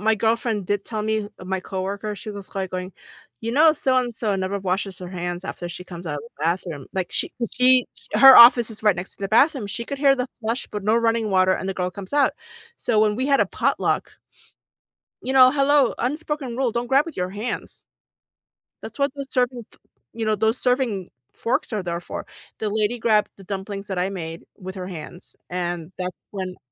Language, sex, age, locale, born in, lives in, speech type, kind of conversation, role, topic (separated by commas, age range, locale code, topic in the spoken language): English, female, 45-49, en-US, South Korea, United States, conversation, unstructured, none, What unspoken rules should I follow when eating with coworkers or classmates?